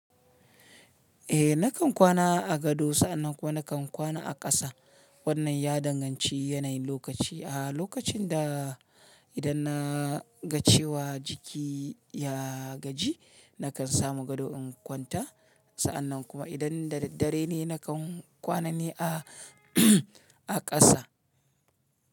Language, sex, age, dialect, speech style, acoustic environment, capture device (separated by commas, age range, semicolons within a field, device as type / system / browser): Hausa, male, 35-44, Nigeria - Kano, happy; relaxed; excited, silence; nature, mobile / Linux / Chrome